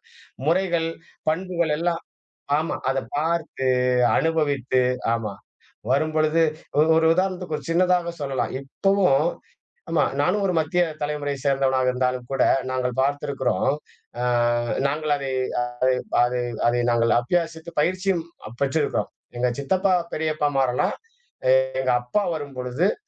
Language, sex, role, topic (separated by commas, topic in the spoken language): Tamil, male, guest, வயோதிகர் வந்தால் எப்படி மரியாதையாக நடந்து கொள்ள வேண்டும்?